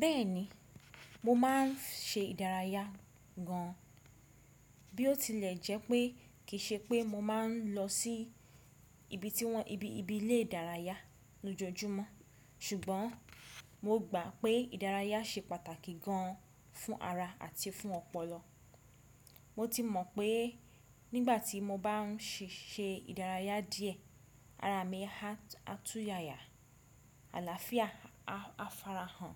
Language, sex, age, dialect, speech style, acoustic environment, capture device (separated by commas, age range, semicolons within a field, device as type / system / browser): Yoruba, female, 25-34, Nigeria - Ibadan, relaxed; focused, silence, desktop / Windows / Chrome